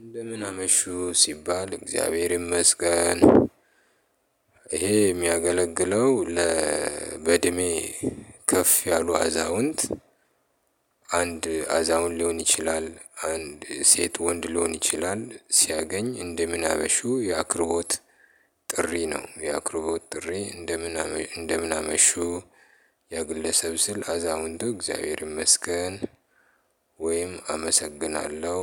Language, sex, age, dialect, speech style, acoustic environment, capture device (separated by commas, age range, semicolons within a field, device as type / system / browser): Amharic, male, 35-44, Ethiopia - Addis Ababa, happy, people talking; construction; appliances, mobile / Linux / Chrome